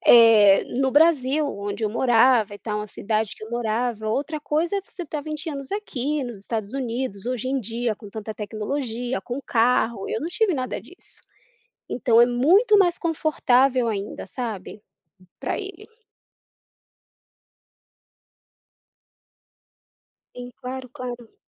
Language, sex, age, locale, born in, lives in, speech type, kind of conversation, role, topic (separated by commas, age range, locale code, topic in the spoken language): Portuguese, female, 30-34, pt-BR, Brazil, United States, conversation, advice, user, Como posso impor limites quando um amigo pede favores demais?